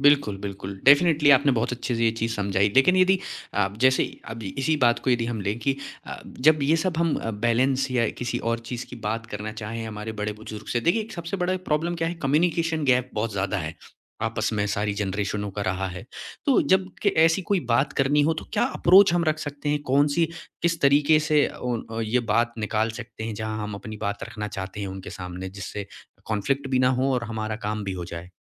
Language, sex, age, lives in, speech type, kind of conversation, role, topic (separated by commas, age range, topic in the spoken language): Hindi, male, 25-29, India, conversation, podcast, host, Jab cultural expectations aur modern life takra jaaye, to tum kya karte ho?